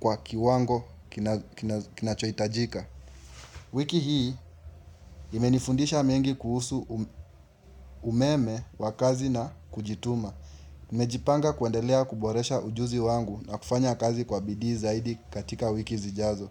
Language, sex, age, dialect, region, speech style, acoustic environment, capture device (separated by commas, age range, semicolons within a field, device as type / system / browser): Swahili, male, 18-24, Kenya - Nairobi Sheng-influenced, Kenya, relaxed; focused, nature, mobile / Linux / Chrome